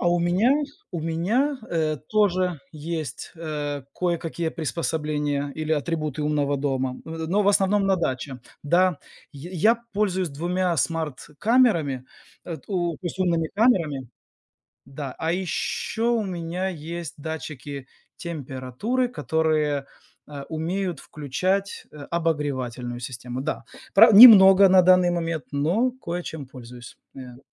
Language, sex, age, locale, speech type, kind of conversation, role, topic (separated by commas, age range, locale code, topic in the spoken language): Russian, male, 40-44, ru-RU, conversation, unstructured, none, Какие инновации сделали вашу жизнь проще?